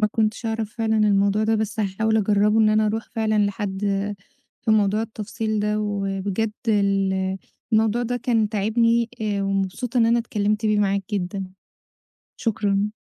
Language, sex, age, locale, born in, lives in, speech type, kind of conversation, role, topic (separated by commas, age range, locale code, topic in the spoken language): Arabic, female, 30-34, ar-EG, Egypt, Egypt, conversation, advice, user, إزاي ألاقي لبس يناسب ذوقي ويبقى مريح وسعره مناسب؟